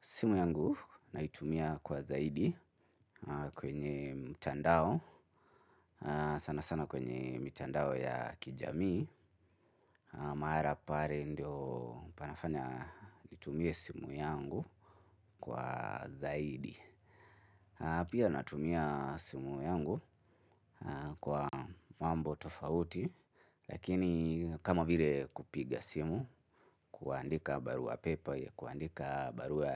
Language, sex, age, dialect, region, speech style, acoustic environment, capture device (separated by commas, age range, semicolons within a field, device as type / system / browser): Swahili, male, 25-34, Kenya - Nairobi Sheng-influenced, Kenya, focused, silence, mobile / Linux / Chrome